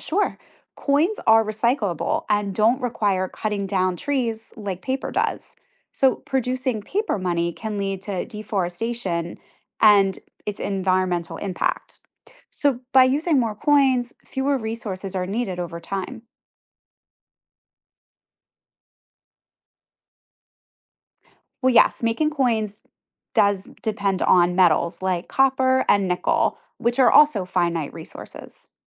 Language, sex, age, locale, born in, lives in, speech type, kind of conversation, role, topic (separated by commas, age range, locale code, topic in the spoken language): English, female, 40-44, en-US, United States, United States, read, expert-assistant, expert, Why do some countries use coins more than paper money?